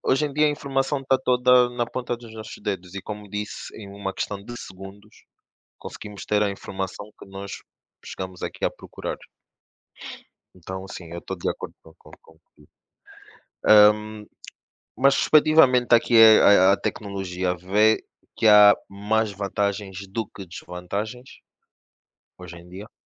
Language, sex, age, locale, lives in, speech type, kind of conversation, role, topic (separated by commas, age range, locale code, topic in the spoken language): Portuguese, male, 25-29, pt-PT, Portugal, conversation, unstructured, none, Como a tecnologia mudou a forma como aprendemos hoje?